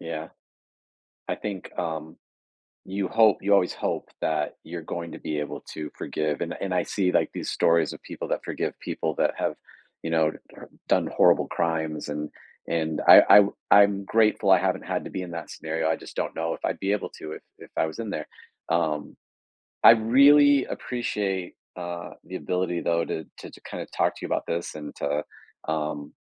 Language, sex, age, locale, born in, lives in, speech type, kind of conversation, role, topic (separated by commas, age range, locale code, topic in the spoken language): English, male, 45-49, en-US, United States, United States, conversation, podcast, host, How does the act of forgiving impact our relationships and personal growth?